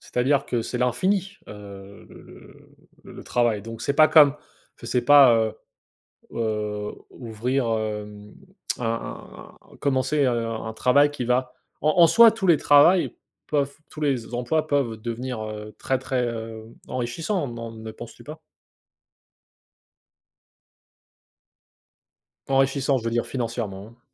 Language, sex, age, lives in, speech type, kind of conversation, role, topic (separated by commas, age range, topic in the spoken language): French, male, 25-29, United States, conversation, unstructured, none, Préférez-vous un travail qui exige une formation continue ou un emploi offrant peu de perspectives d’évolution ?